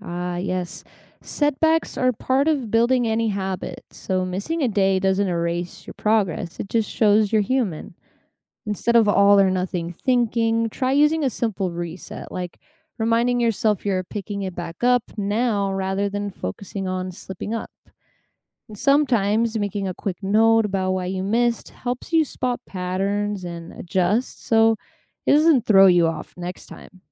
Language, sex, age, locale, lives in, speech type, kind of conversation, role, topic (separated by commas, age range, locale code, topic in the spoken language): English, female, 25-29, en-US, United States, read, expert-assistant, expert, What advice would you give your past self yearly?